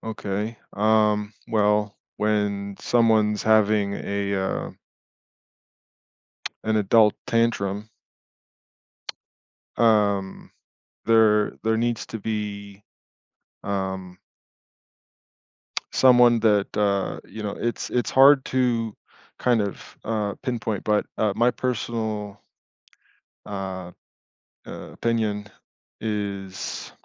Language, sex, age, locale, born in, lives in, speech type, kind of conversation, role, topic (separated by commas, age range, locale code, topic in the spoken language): English, male, 35-39, en-US, United States, United States, conversation, podcast, guest, What are some meaningful ways families can help each other get through difficult times?